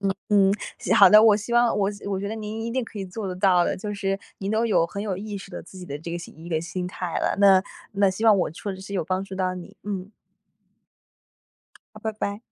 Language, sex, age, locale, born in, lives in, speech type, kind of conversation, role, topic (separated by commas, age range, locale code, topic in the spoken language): Chinese, female, 20-24, zh-CN, China, United States, conversation, advice, advisor, 你为什么会回避社交机会，从而让自己的孤立感越来越强？